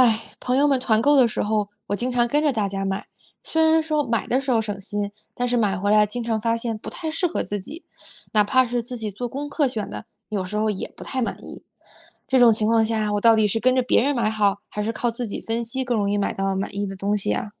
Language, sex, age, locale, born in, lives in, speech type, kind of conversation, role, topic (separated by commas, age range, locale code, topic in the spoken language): Chinese, female, 20-24, zh-CN, China, United States, read, expert-assistant, asker, 买东西时，跟着别人买和靠自己分析，哪种方法更容易买到让自己满意的东西？